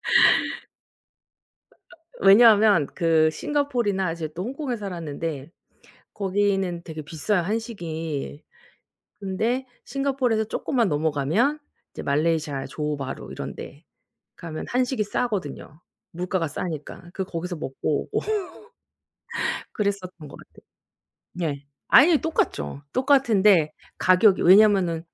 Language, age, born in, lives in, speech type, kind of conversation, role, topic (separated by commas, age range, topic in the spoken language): Korean, 40-44, South Korea, South Korea, conversation, unstructured, none, 여행을 통해 얻은 가장 큰 교훈은 무엇인가요?